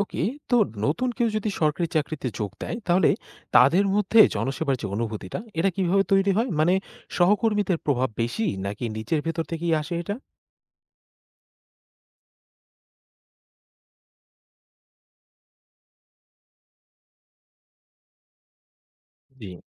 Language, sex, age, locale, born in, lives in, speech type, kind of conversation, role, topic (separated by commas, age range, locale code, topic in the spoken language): Bengali, male, 20-24, bn-BD, Bangladesh, Bangladesh, read, expert-assistant, asker, সরকারি চাকরির মানে কী—এটা কি শুধু কাজ, না কি মানুষের সেবার একটা গভীর অর্থ আছে?